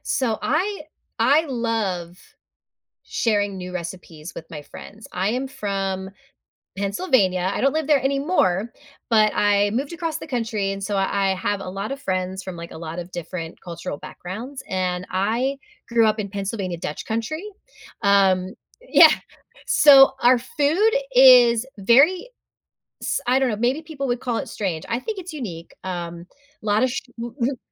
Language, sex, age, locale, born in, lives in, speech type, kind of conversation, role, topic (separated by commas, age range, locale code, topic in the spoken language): English, female, 35-39, en-US, United States, United States, conversation, podcast, guest, Why do shared meals play such an important role in connecting people?